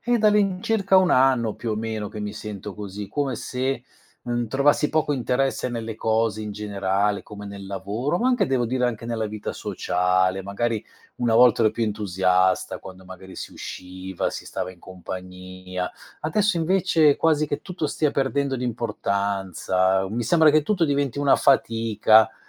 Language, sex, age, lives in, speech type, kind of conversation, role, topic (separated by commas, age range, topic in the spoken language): Italian, male, 50-54, Italy, conversation, advice, user, Come fai a procrastinare regolarmente su responsabilità importanti?